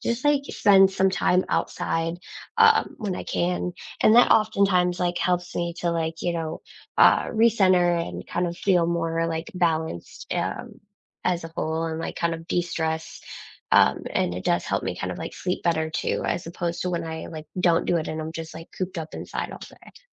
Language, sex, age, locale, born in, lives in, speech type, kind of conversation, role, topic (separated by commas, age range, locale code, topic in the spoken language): English, female, 25-29, en-US, United States, United States, conversation, unstructured, none, How do you protect your sleep during hectic weeks?